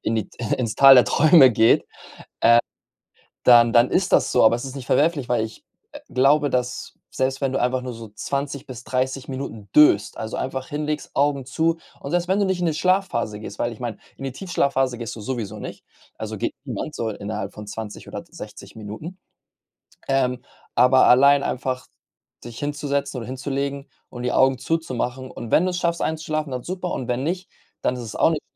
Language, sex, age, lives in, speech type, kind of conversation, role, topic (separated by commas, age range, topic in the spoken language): German, male, 25-29, Spain, conversation, advice, advisor, Welche Schwierigkeiten hast du dabei, tagsüber kurze Nickerchen zur Erholung zu machen?